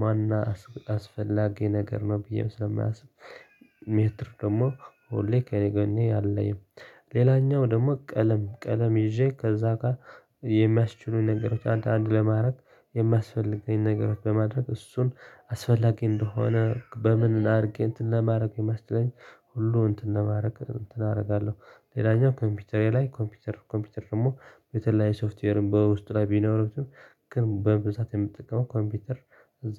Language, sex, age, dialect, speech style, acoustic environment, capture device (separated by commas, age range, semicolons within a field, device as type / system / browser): Amharic, male, 25-34, Ethiopia - Tigray, happy; tired; excited, people talking; silence, desktop / Linux / Chrome